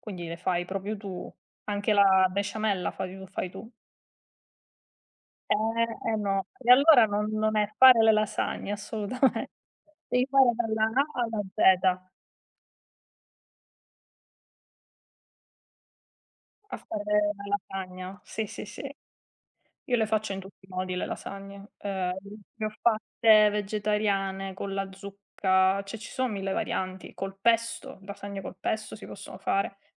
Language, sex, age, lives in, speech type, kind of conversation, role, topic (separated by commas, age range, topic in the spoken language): Italian, female, 30-34, Italy, conversation, unstructured, none, Qual è il tuo piatto italiano preferito?